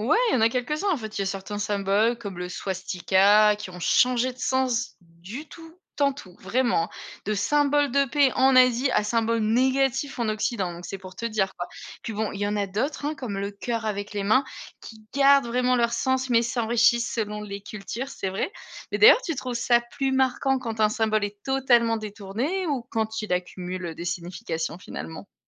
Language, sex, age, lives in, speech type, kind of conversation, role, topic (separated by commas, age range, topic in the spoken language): French, female, 35-39, Germany, read, expert-assistant, expert, Si on devait imaginer une nouvelle langue en s’inspirant des langues existantes, qu’est-ce qu’on garderait ou changerait ?